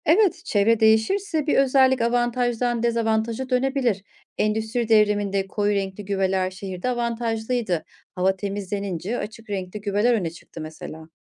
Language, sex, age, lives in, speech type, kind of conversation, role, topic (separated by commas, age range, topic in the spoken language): Turkish, female, 40-44, Germany, read, expert-assistant, expert, Doğal seçilimin yalnızca 'en güçlülerin hayatta kalması' olarak tanımlanması bir mit mi? Gerçekte doğal seçilim nasıl işler?